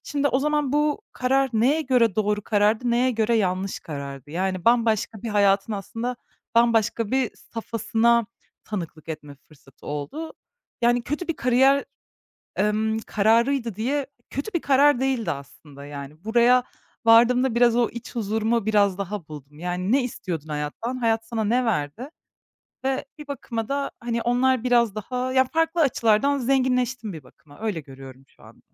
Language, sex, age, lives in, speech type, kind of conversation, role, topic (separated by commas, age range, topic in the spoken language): Turkish, female, 25-29, Germany, conversation, podcast, guest, Yanlış yapmaktan korktuğunda kendini nasıl motive edersin?